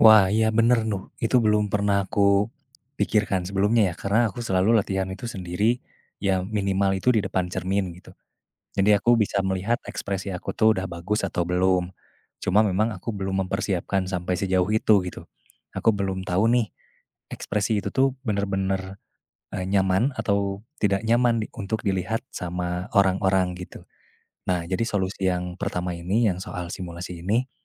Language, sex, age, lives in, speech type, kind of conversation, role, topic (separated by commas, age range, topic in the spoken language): Indonesian, male, 20-24, Indonesia, conversation, advice, user, Apa yang biasanya membuat Anda merasa cemas sebelum presentasi penting, dan bagaimana Anda mengatasinya?